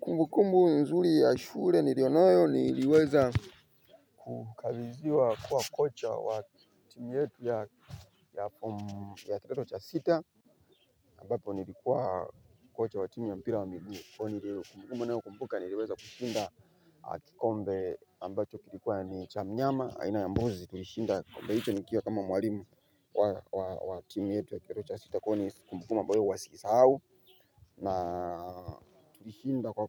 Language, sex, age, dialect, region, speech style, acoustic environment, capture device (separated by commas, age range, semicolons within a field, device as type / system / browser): Swahili, male, 25-34, Tanzania - Dar es Salaam, Tanzania, happy, people talking, mobile / Linux / Chrome